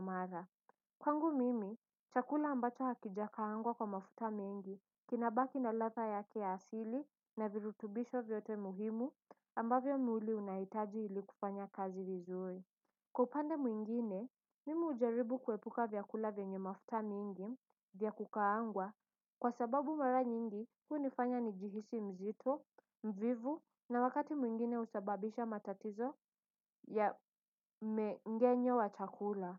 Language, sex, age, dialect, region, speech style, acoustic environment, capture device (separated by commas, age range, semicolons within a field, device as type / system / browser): Swahili, female, 18-24, Kenya - Mombasa, Kenya, focused, silence; nature, desktop / Windows / Edge